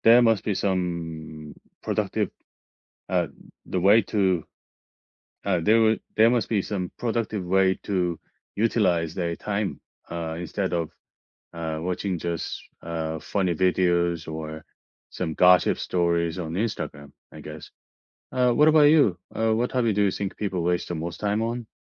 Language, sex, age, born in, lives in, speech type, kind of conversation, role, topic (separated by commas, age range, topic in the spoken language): English, male, 45-49, South Korea, United States, conversation, unstructured, none, What hobby do you think people waste the most time on?